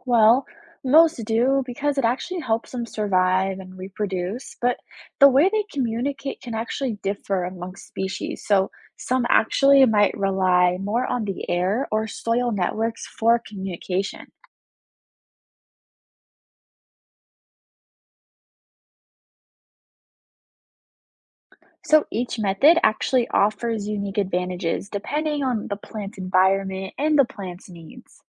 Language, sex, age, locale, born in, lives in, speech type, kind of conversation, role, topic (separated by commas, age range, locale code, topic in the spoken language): English, female, 20-24, en-US, United States, United States, read, expert-assistant, expert, How do plants communicate with each other?